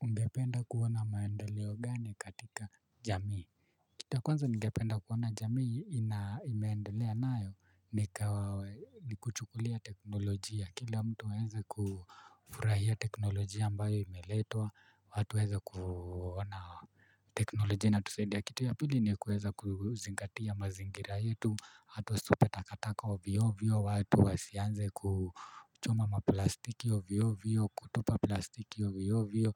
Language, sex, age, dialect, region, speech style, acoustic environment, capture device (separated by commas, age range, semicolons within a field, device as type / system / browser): Swahili, male, 25-34, Kenya - Nairobi Sheng-influenced, Kenya, relaxed, nature, mobile / Linux / Chrome